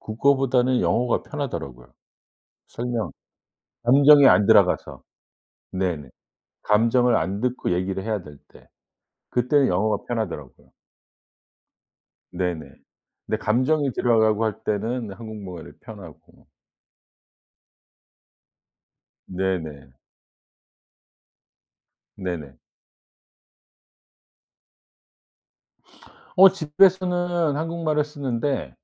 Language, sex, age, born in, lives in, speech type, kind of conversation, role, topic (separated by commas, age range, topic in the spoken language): Korean, male, 55-59, South Korea, United States, conversation, podcast, guest, 두 개 이상의 언어를 사용할 때 기분이 어떻게 달라지나요?